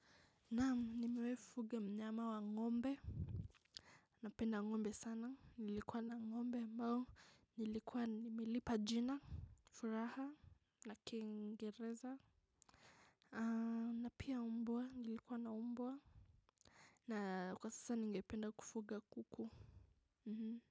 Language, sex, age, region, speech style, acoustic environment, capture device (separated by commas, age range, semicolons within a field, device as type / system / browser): Swahili, female, 25-34, Kenya, focused, silence, mobile / macOS / Safari